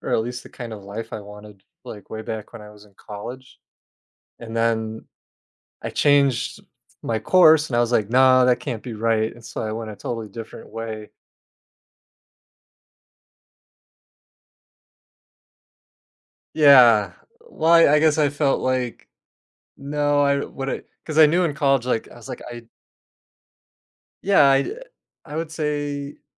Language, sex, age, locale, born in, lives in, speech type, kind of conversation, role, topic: English, male, 35-39, en-US, United States, United States, conversation, unstructured, none, Would you rather invest in relationships or personal growth?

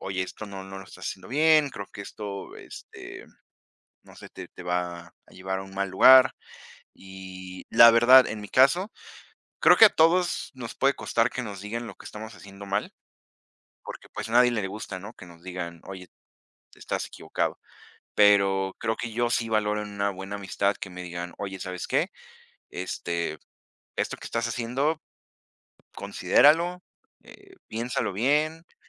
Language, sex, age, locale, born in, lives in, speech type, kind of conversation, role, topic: Spanish, female, 20-24, es-MX, Mexico, Mexico, conversation, unstructured, none, ¿Qué es lo que más valoras en una amistad?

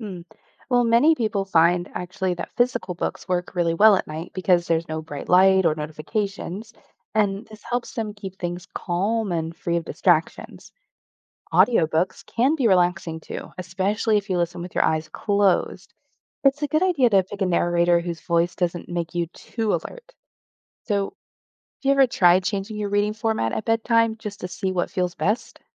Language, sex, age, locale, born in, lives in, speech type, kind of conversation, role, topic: English, female, 35-39, en-US, Germany, United States, read, expert-assistant, expert, What are the benefits of reading books regularly?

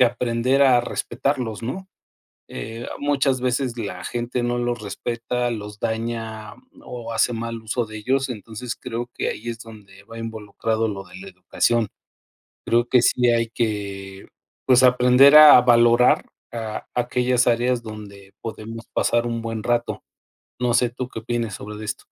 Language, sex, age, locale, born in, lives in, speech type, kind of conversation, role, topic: Spanish, male, 50-54, es-MX, Mexico, Mexico, conversation, unstructured, none, ¿Cómo mejorarías los espacios públicos para que todas las personas los disfruten?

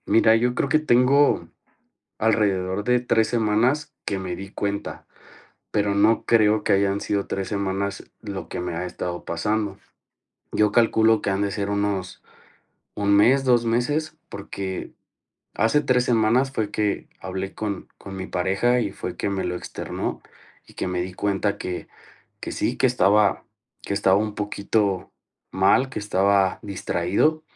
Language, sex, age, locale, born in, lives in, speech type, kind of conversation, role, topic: Spanish, male, 30-34, es-MX, Mexico, Mexico, conversation, advice, user, ¿Cómo puedo aclarar cuáles son mis valores personales?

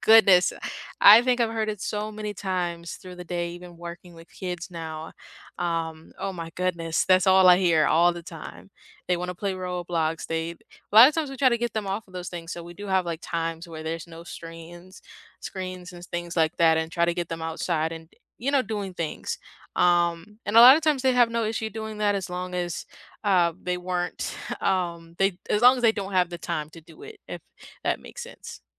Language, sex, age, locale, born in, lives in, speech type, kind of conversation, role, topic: English, female, 18-19, en-US, United States, United States, conversation, unstructured, none, Which video games best bring friends together, whether you’re playing online or on the couch?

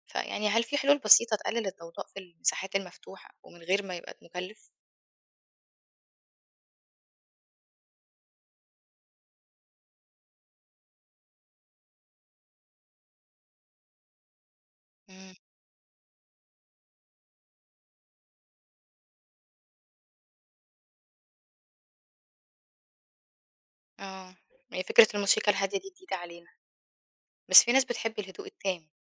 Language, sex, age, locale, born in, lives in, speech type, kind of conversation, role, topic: Arabic, female, 25-29, ar-EG, Egypt, Egypt, read, expert-assistant, asker, إزاي ممكن نعيد تصميم أماكن الشغل علشان تدعم الصحة النفسية أكتر وتقلل التوتر؟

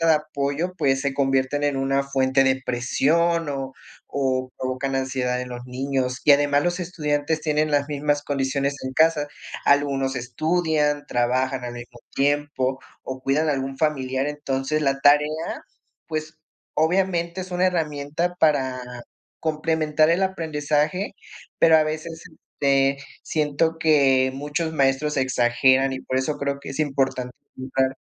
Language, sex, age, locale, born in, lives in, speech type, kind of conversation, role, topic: Spanish, male, 25-29, es-MX, Mexico, Mexico, conversation, unstructured, none, ¿Crees que las tareas realmente ayudan a aprender?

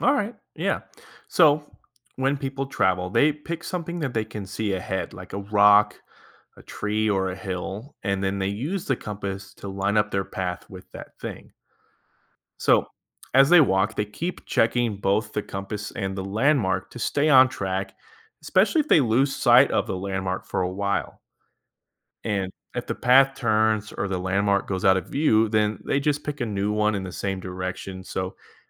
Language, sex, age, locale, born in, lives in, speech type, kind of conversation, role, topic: English, male, 30-34, en-US, United States, United States, read, expert-assistant, expert, How does a compass help people find direction?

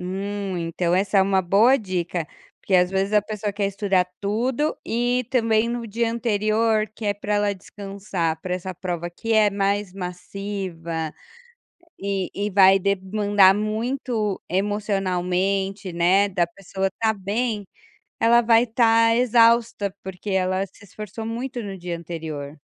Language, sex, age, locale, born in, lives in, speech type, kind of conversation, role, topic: Portuguese, female, 35-39, pt-BR, Brazil, Portugal, conversation, podcast, host, Como você lida com a procrastinação nos estudos?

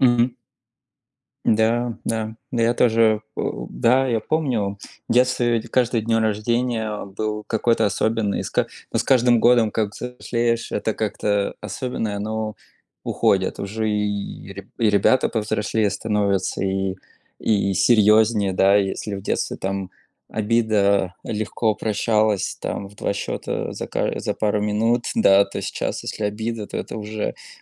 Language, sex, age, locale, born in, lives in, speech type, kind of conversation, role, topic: Russian, male, 30-34, ru-RU, Russia, United States, conversation, unstructured, none, Какие мелочи из прошлого делают тебя счастливым?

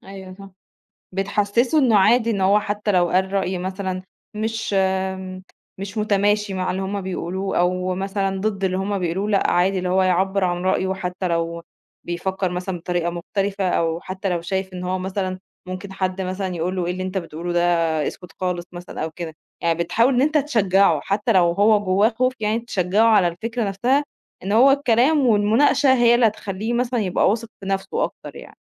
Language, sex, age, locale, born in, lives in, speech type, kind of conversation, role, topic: Arabic, female, 30-34, ar-EG, Egypt, Egypt, conversation, podcast, host, إيه نصيحتك لحد بيتردد يعبّر عن نفسه؟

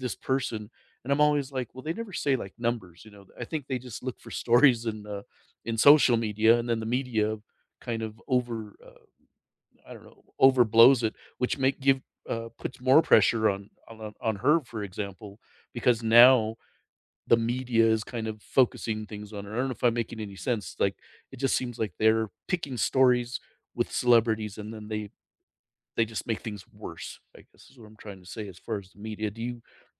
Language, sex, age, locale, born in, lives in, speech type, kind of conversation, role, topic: English, male, 55-59, en-US, United States, United States, conversation, unstructured, none, What is your opinion on trolling celebrities on social media?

